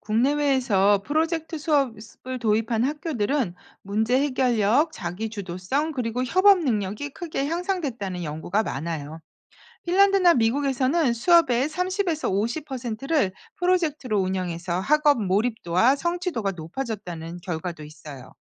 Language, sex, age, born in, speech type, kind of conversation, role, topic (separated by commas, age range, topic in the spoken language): Korean, female, 50-54, South Korea, read, expert-assistant, expert, 학생들이 더 즐겁게 배울 수 있도록 교육 정책을 새롭게 바꾼다면 어떤 아이디어가 떠오르시나요?